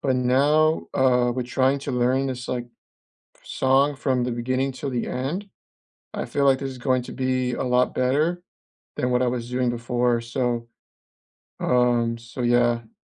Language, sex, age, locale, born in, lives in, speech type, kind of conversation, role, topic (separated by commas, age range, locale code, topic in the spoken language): English, male, 25-29, en-US, United States, United States, conversation, unstructured, none, What is a recent small win you’re proud of, and why did it matter to you?